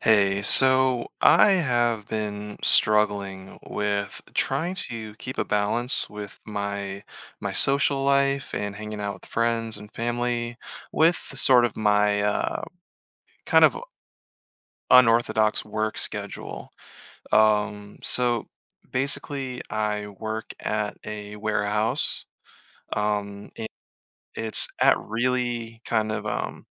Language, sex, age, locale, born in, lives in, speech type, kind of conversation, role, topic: English, male, 30-34, en-US, Germany, United States, conversation, advice, user, How can I balance work and personal life effectively?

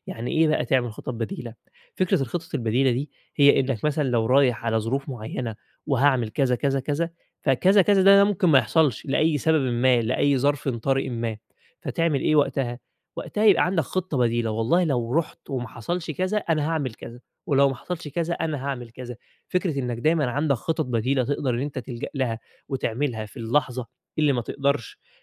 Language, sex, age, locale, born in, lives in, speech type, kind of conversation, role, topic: Arabic, male, 20-24, ar-EG, Egypt, Egypt, conversation, advice, advisor, إزاي أتعامل مع خوفي وقلقي وأنا بسافر وبستكشف أماكن جديدة؟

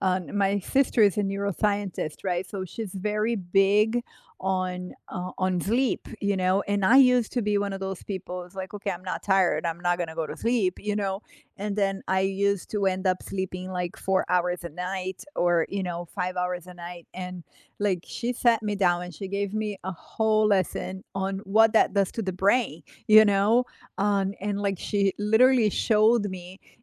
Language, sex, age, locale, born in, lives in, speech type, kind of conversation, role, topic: English, female, 45-49, en-BR, Brazil, United States, conversation, unstructured, none, How do you manage stress during a busy day?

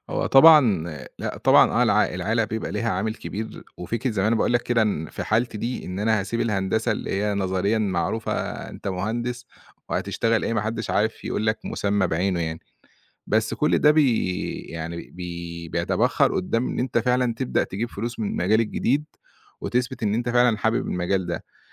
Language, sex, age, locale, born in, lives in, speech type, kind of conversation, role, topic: Arabic, male, 30-34, ar-EG, Egypt, Egypt, conversation, podcast, guest, إزاي بتستعيد حماسك بعد ما تحس بإحباط في التعلّم؟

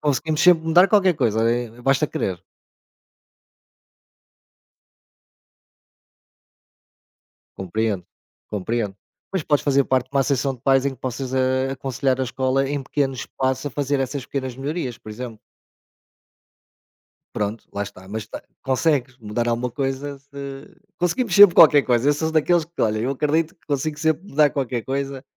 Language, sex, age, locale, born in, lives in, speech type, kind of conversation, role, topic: Portuguese, male, 45-49, pt-PT, Portugal, Portugal, conversation, unstructured, none, Você acha que a escola prepara bem para a vida adulta?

